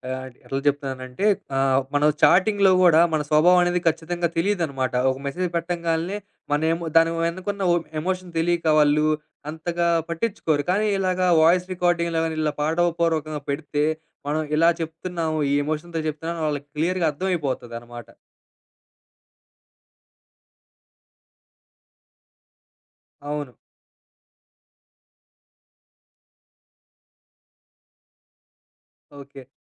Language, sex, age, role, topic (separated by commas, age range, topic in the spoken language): Telugu, male, 25-29, host, షేర్ ప్లేలిస్టును పంచుకోవడం వల్ల సంబంధాలు బలపడతాయా, మీ అనుభవం ఏమిటి?